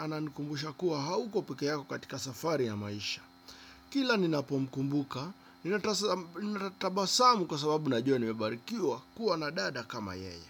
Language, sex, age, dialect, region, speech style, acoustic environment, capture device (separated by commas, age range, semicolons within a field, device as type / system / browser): Swahili, male, 25-34, Kenya - Nairobi Sheng-influenced, Kenya, relaxed, nature, desktop / Windows / Chrome